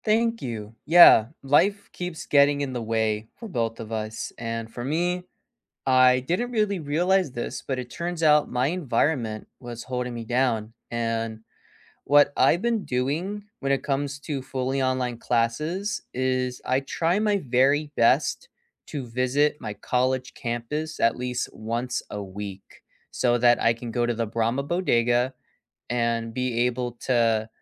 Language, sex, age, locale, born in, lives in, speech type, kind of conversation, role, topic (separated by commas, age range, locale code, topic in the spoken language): English, male, 20-24, en-US, United States, United States, conversation, unstructured, none, What makes a classroom truly great for you—space, the teacher, your classmates, or the tools—and what helped you feel connected?